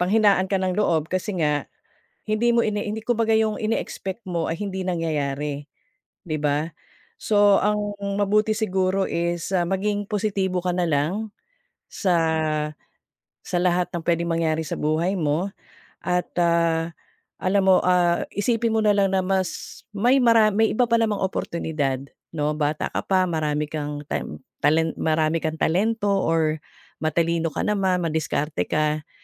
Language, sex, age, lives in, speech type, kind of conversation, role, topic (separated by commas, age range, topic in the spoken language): Filipino, female, 45-49, Philippines, conversation, advice, advisor, Paano ako makapagsisimula sa bagong layunin kahit natatakot at nag-aalinlangan ako?